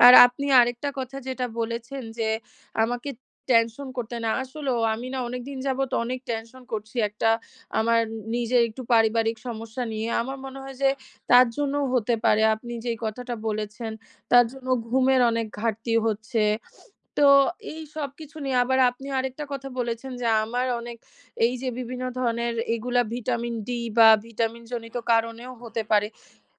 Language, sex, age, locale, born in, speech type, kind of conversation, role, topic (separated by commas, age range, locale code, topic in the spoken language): Bengali, female, 20-24, bn-BD, Bangladesh, conversation, advice, user, বারবার ক্লান্তি ও বার্নআউট অনুভব করছি